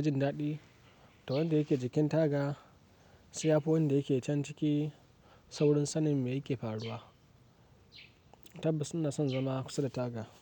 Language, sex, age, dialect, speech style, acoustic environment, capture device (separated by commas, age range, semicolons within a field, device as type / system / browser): Hausa, male, 18-24, Nigeria - Kano, excited, silence; nature, mobile / Linux / Chrome